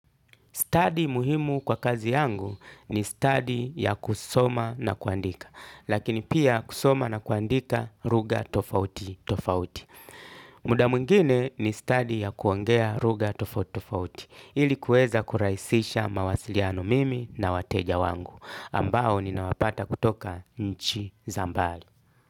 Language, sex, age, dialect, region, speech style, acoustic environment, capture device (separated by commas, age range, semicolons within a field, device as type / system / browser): Swahili, male, 35-44, Kenya - Mombasa, Kenya, happy, nature, desktop / Linux / Chrome